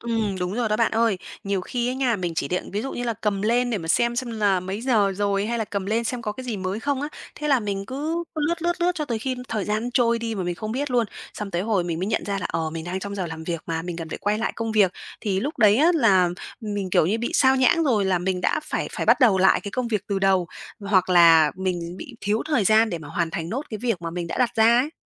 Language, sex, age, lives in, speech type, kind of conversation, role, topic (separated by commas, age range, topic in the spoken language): Vietnamese, female, 30-34, Vietnam, conversation, advice, user, Làm thế nào để tôi ngừng bị điện thoại và mạng xã hội làm phân tâm khi đang làm việc?